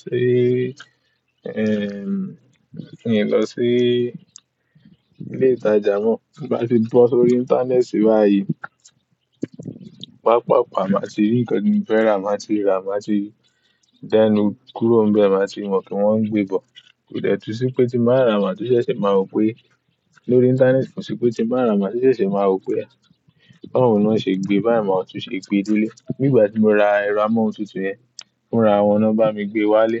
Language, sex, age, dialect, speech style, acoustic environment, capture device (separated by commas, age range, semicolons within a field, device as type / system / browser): Yoruba, male, 18-24, Nigeria - Ibadan, excited, silence, mobile / Linux / Chrome